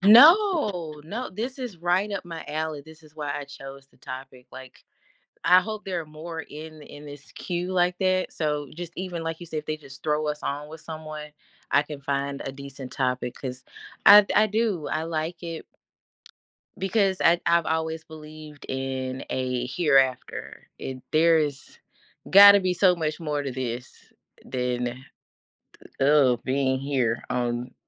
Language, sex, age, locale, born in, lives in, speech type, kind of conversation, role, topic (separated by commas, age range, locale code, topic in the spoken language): English, female, 40-44, en-US, United States, United States, conversation, unstructured, none, What’s one thing people say about death that bothers you?